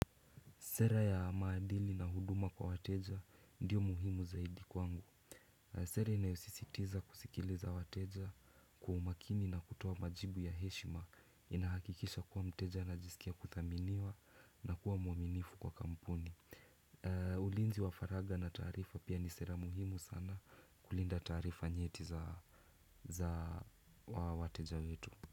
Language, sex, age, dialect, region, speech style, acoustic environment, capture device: Swahili, male, 18-24, Kenya - Nairobi Sheng-influenced, Kenya, relaxed, silence, desktop / Windows / Chrome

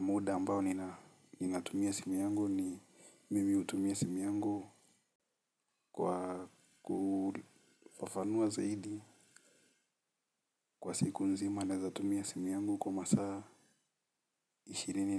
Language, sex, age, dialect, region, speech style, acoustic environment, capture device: Swahili, male, 18-24, Kenya - Mombasa, Kenya, happy, silence, mobile / Linux / Chrome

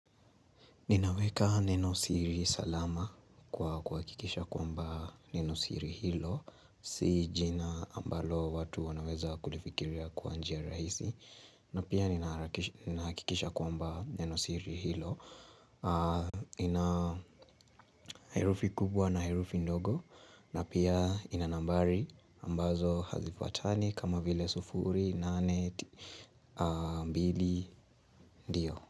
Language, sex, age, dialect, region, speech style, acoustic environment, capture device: Swahili, male, 18-24, Kenya - Nairobi Sheng-influenced, Kenya, happy, nature, mobile / Linux / Chrome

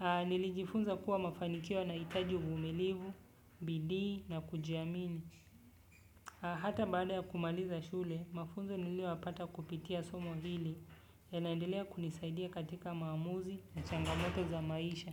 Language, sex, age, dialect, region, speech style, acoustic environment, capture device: Swahili, male, 25-34, Kenya - Nairobi Sheng-influenced, Kenya, relaxed, silence, desktop / Windows / Chrome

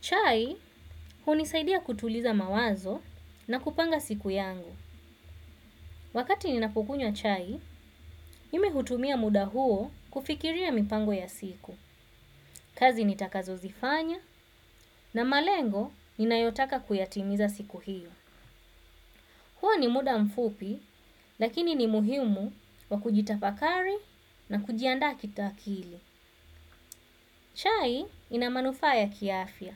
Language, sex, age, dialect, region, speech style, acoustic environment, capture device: Swahili, female, 25-34, Kenya - Mombasa, Kenya, focused; relaxed, silence, desktop / Windows / Chrome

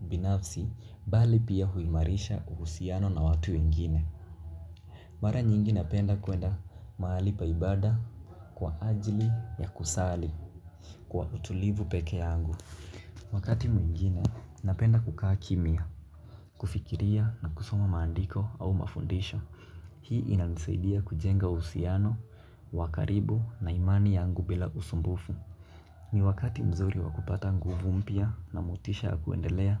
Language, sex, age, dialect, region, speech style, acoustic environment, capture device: Swahili, male, 18-24, Kenya - Mombasa, Kenya, relaxed, nature, mobile / Linux / Chrome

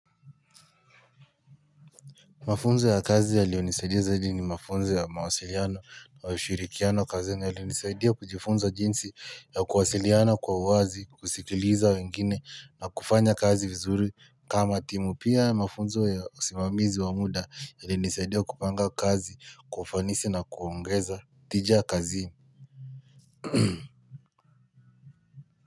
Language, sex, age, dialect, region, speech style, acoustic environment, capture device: Swahili, male, 18-24, Kenya - Nairobi Sheng-influenced, Kenya, happy, nature, mobile / Linux / Chrome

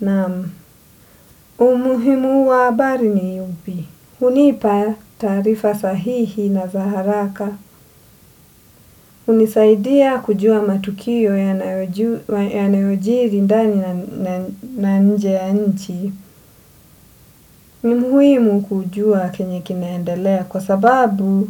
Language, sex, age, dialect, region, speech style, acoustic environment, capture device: Swahili, female, 25-34, Kenya - Mombasa, Kenya, relaxed, silence, desktop / Windows / Edge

